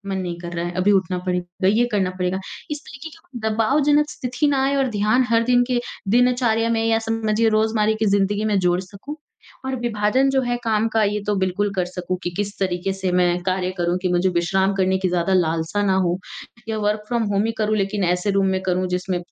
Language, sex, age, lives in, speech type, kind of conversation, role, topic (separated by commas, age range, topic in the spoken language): Hindi, female, 20-24, India, conversation, advice, user, मैं काम और विश्राम के बीच साफ़ सीमा कैसे बना सकता/सकती हूँ ताकि रचनात्मक बना/बनी रहूँ?